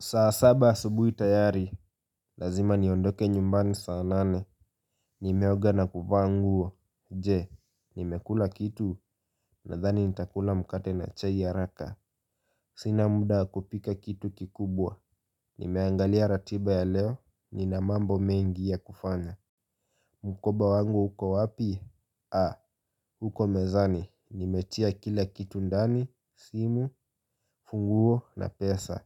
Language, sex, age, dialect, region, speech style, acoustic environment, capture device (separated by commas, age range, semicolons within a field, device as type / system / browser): Swahili, male, 18-24, Kenya - Nairobi Sheng-influenced, Kenya, happy; focused, music; nature, mobile / Linux / Chrome